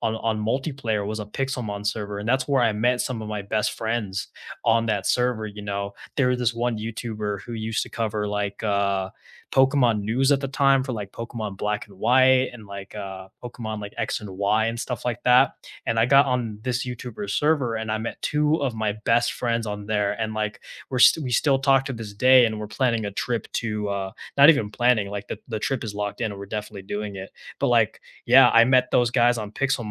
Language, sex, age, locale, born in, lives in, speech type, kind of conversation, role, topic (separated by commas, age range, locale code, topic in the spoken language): English, male, 20-24, en-US, United States, United States, conversation, unstructured, none, Which video games do you two love playing together after dinner, and how do they bring you closer?